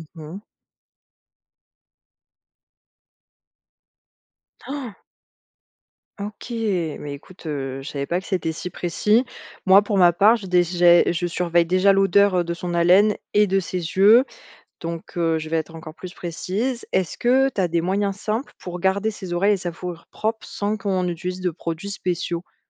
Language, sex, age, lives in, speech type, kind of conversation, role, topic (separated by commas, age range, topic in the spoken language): French, female, 25-29, France, read, expert-assistant, asker, Quelles astuces pour vivre avec un chien ou un chat d’une race fragile sans multiplier les visites chez le vétérinaire ?